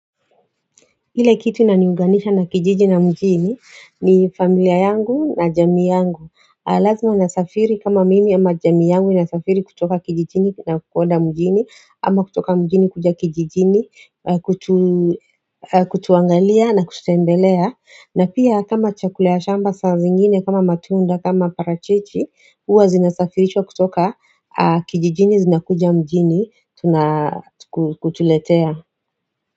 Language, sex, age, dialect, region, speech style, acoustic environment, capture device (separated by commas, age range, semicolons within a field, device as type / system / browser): Swahili, female, 35-44, Kenya - Nairobi Sheng-influenced, Kenya, tired, silence, mobile / Android / Chrome